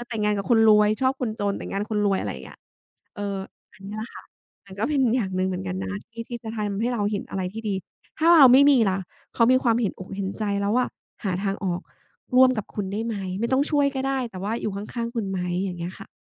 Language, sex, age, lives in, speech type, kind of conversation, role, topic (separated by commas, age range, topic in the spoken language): Thai, female, 35-39, Thailand, conversation, advice, advisor, คุณรับมือกับความกลัวถูกปฏิเสธเมื่อเริ่มความสัมพันธ์อย่างไร?